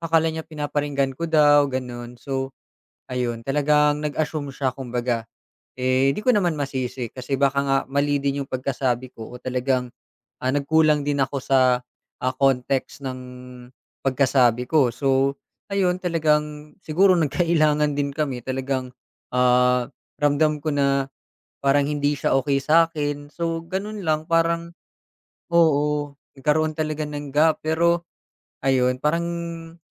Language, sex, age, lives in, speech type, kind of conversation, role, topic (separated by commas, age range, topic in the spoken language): Filipino, male, 25-29, Philippines, conversation, podcast, guest, Ano sa tingin mo ang epekto ng mga palagay sa usapan ninyo?